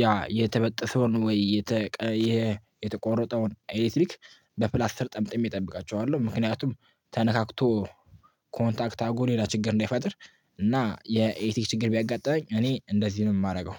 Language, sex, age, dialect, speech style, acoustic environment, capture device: Amharic, male, 18-24, Ethiopia - Addis Ababa, happy, people talking, mobile / Linux / Chrome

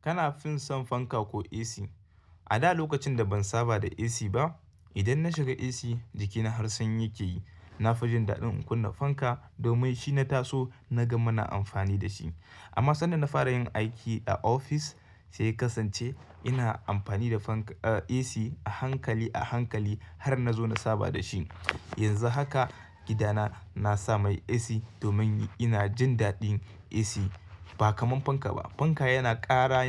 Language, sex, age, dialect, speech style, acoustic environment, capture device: Hausa, male, 25-34, Nigeria - Kano, happy, silence, mobile / Linux / Chrome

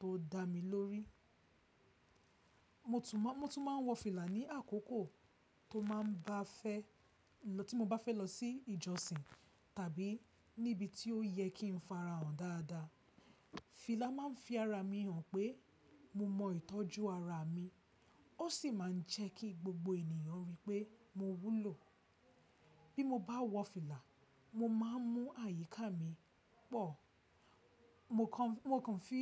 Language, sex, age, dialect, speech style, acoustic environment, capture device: Yoruba, female, 25-34, Nigeria - Lagos Yoruba, excited, silence, mobile / Linux / Chrome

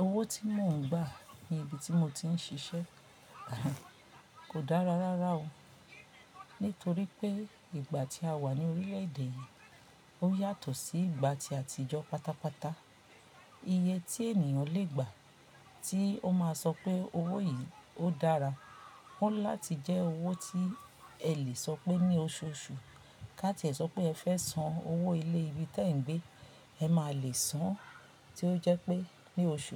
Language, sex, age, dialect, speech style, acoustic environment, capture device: Yoruba, female, 45-54, Nigeria - Lagos Yoruba, relaxed, silence, mobile / Linux / Chrome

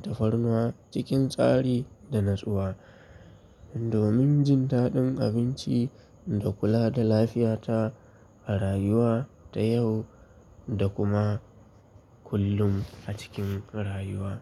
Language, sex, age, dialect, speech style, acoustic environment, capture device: Hausa, male, 25-34, Nigeria - Kano, relaxed; focused, traffic, mobile / Linux / Chrome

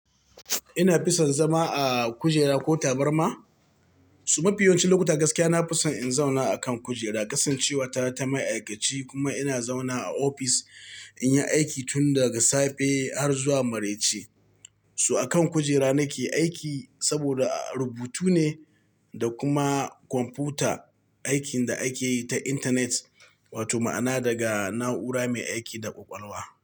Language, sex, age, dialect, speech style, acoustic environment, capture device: Hausa, male, 45-54, Nigeria - Katsina, happy; excited; focused, people talking, mobile / Linux / Chrome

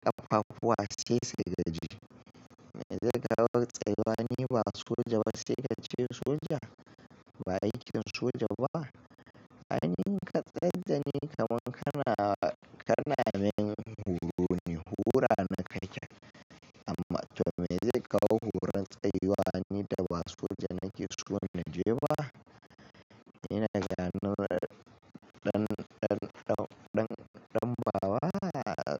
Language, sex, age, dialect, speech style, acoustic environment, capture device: Hausa, male, 25-34, Nigeria - Kano, happy, traffic; construction; appliances; nature; people talking, mobile / Linux / Chrome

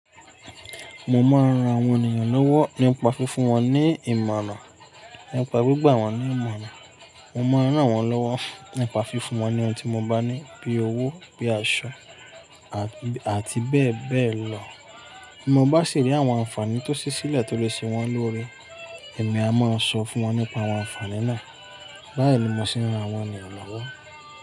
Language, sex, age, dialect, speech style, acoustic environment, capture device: Yoruba, male, 25-34, Nigeria - Ibadan, relaxed, people talking, mobile / Linux / Chrome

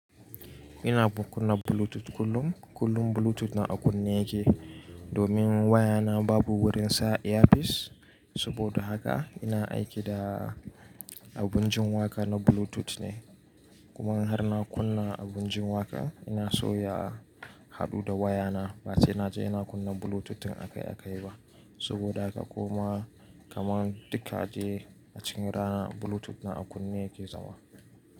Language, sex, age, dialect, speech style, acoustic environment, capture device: Hausa, male, 18-24, Nigeria - Nigerian Standard, focused; relaxed, nature, mobile / Linux / Chrome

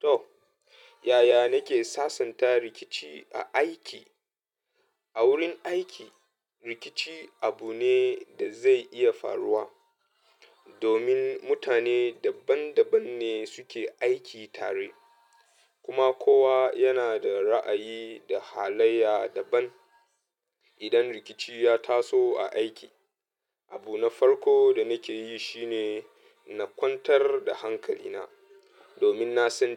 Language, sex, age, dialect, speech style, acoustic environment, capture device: Hausa, male, 25-34, Nigeria - Katsina, happy; anxious; nervous, music; people talking; appliances; traffic, mobile / Linux / Chrome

